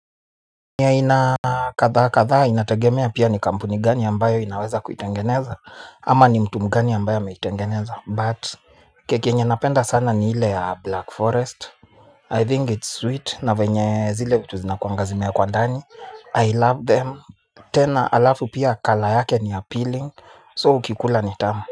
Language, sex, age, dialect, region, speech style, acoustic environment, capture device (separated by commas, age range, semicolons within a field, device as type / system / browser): Swahili, male, 25-34, Kenya - Nairobi Sheng-influenced, Kenya, nervous; excited; focused, people talking; music; nature, mobile / Linux / Chrome